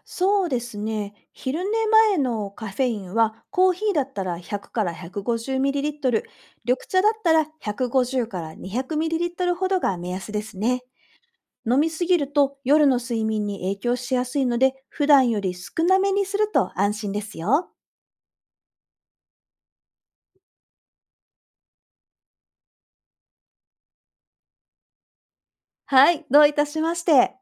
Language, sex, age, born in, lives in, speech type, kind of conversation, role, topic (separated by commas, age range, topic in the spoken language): Japanese, female, 40-44, Japan, Japan, read, expert-assistant, expert, 体内時計を整えるにはどうすればいいですか？